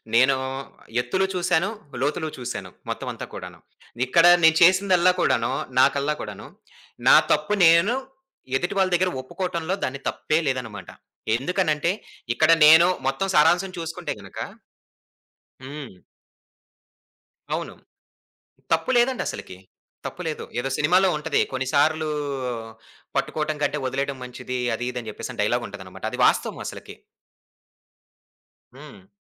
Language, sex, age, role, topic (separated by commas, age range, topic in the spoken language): Telugu, male, 25-29, guest, మీరు మీ తప్పును ఒప్పుకోవడం మీకు కష్టంగా అనిపిస్తుందా, ఎందుకు?